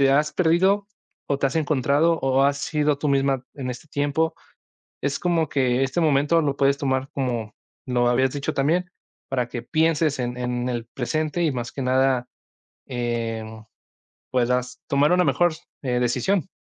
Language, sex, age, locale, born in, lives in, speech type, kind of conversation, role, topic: Spanish, male, 20-24, es-MX, Mexico, Mexico, conversation, advice, advisor, ¿Cómo puedo buscar apoyo emocional después de una ruptura inesperada?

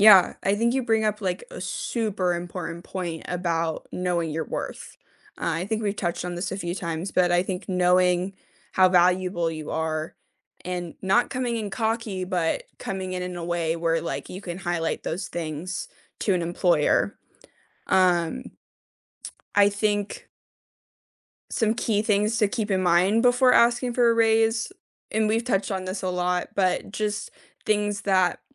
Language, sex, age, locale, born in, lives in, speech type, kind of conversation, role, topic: English, female, 25-29, en-US, United States, United States, conversation, unstructured, none, How do you build a strong case for a raise?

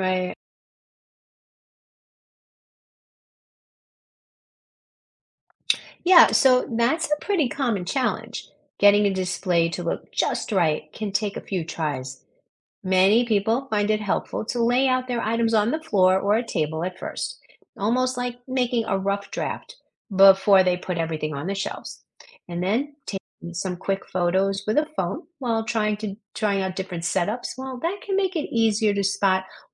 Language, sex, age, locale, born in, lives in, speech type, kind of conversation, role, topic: English, female, 60-64, en-US, United States, United States, read, expert-assistant, expert, How can you organize and display a home collection so it looks intentional and doesn’t take over the room?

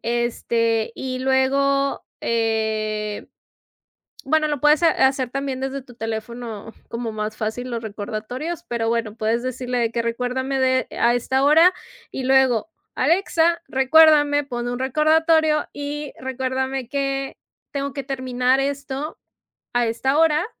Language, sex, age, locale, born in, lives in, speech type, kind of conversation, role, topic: Spanish, female, 35-39, es-MX, Mexico, Mexico, conversation, advice, advisor, ¿Cómo procrastinas repetidamente cuando tienes que hacer tareas importantes?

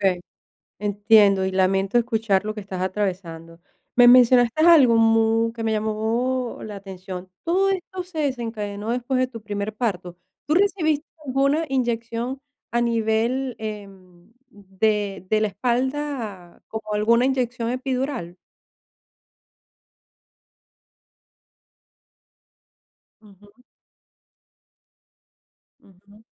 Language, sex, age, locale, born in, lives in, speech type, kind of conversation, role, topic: Spanish, female, 50-54, es-VE, Venezuela, Portugal, conversation, advice, advisor, ¿Cómo puedo empezar a entrenar si me siento inseguro sobre mi rendimiento físico?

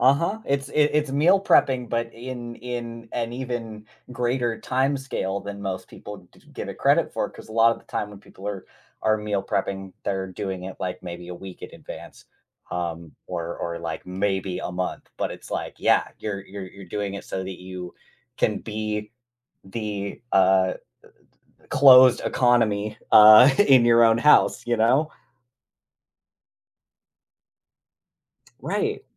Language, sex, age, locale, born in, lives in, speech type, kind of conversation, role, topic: English, male, 25-29, en-US, United States, United States, conversation, podcast, host, How has your hobby created meaningful or unforgettable moments in your life?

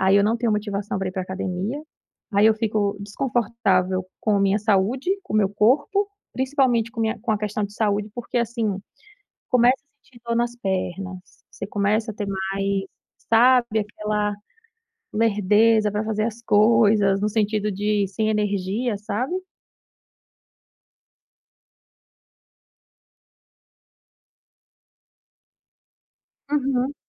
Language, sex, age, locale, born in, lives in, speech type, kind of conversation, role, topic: Portuguese, female, 30-34, pt-BR, Brazil, United States, conversation, advice, user, Como posso me manter motivado e consistente nos meus hobbies?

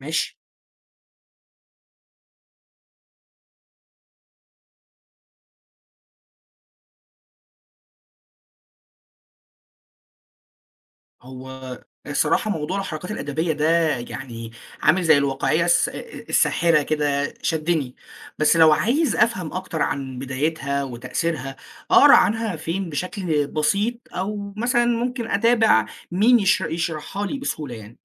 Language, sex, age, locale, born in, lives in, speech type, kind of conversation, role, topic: Arabic, male, 20-24, ar-EG, Egypt, Egypt, read, expert-assistant, asker, لو عايز أتعرف على قصص أدبية من بلاد مختلفة وأشوف إزاي بتشبه وتتفرق عن بعض، أتابع مين أو أروح فين؟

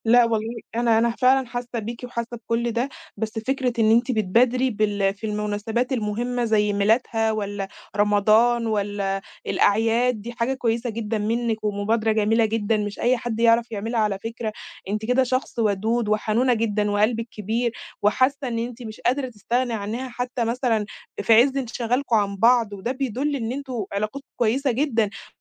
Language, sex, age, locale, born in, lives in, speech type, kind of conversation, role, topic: Arabic, female, 20-24, ar-EG, Egypt, Egypt, conversation, advice, advisor, إزاي أرجع أتواصل مع صاحبي بعد ما كنا قاطعين الكلام فترة طويلة؟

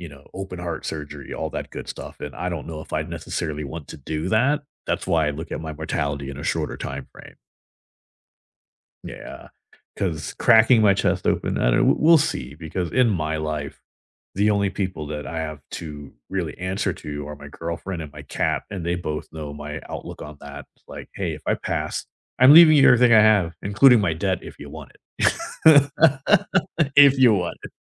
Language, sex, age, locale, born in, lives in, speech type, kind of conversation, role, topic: English, male, 40-44, en-US, United States, United States, conversation, unstructured, none, What scares you most about the future of your physical health?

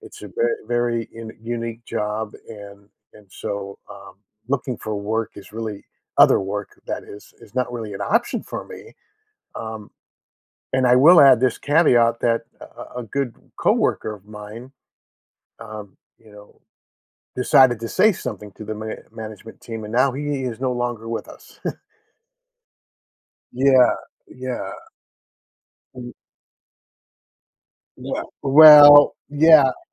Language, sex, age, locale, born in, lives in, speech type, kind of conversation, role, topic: English, male, 60-64, en-US, United States, United States, conversation, advice, user, How can I handle overwhelming work deadlines?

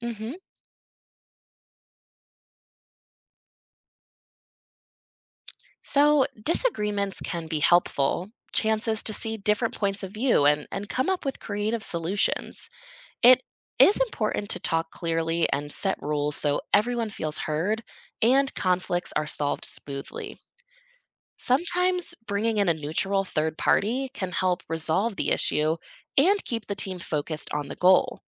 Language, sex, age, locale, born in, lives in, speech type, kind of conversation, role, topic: English, female, 25-29, en-US, United States, United States, read, expert-assistant, expert, What are the benefits of teamwork and collaboration in achieving goals?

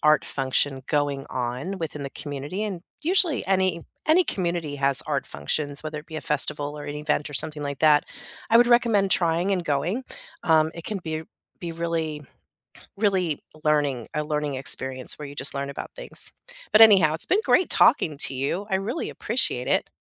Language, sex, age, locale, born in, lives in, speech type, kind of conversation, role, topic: English, female, 50-54, en-US, United States, United States, conversation, unstructured, none, How have music, art, and sports shaped your learning journey and helped you connect with others?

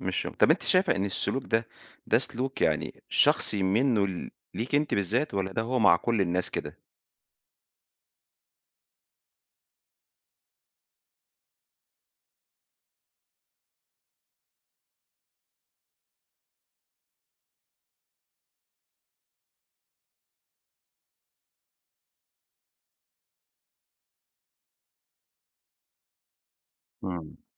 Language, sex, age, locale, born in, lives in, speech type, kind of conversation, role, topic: Arabic, male, 45-49, ar-EG, Egypt, Egypt, conversation, podcast, host, إزاي بتتعامل مع مدير صعب؟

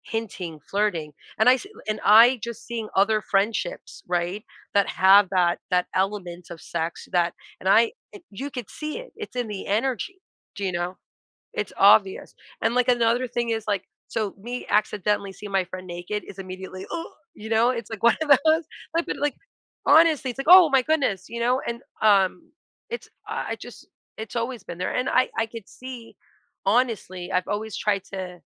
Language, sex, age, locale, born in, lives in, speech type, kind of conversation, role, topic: English, female, 40-44, en-US, United States, United States, conversation, podcast, guest, What qualities help friendships last through life's ups and downs?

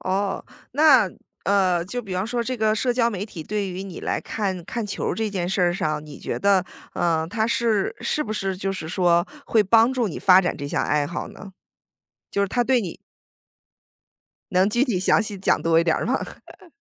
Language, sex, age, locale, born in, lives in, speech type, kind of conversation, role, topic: Chinese, female, 35-39, zh-CN, United States, United States, conversation, podcast, host, 社交媒体会对这项爱好产生什么影响？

